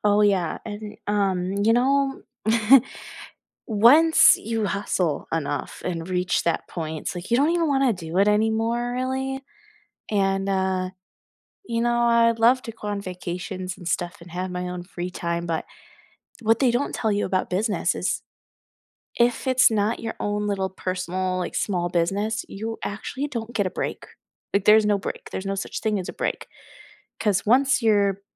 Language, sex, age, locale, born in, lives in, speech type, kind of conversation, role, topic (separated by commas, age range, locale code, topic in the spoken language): English, female, 20-24, en-US, United States, United States, conversation, unstructured, none, What personal habit are you building right now, why does it matter, and how can we support each other?